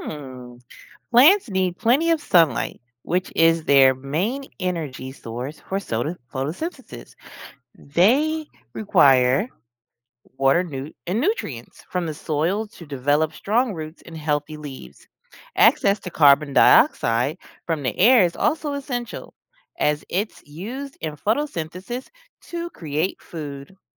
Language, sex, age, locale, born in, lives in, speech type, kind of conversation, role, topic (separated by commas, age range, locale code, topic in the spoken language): English, female, 40-44, en-US, United States, United States, read, expert-assistant, expert, What makes a plant grow faster?